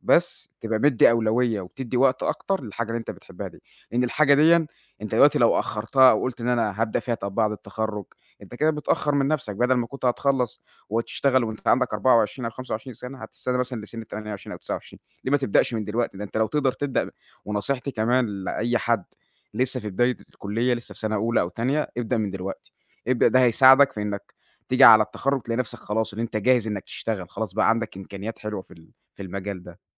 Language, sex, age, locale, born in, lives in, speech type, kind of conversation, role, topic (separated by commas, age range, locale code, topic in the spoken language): Arabic, male, 20-24, ar-EG, Egypt, Egypt, conversation, podcast, guest, إيه رأيك في أهمية الشهادة الجامعية دلوقتي؟